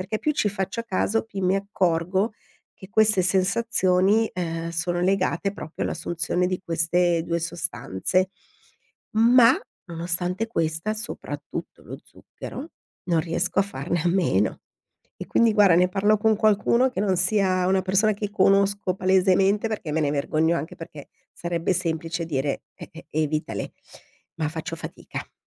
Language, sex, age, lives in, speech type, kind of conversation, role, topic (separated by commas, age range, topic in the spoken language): Italian, female, 50-54, Italy, conversation, advice, user, Come posso calmarmi quando mi sento iperstimolato e agitato dopo aver bevuto caffè e mangiato dolci?